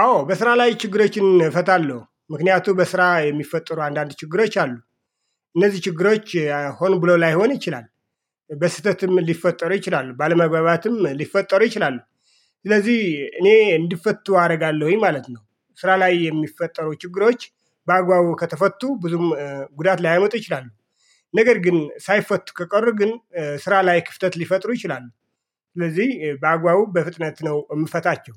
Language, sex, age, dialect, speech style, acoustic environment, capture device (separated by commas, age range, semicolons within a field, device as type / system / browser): Amharic, male, 45-54, Ethiopia - Addis Ababa, excited, silence, mobile / Linux / Chrome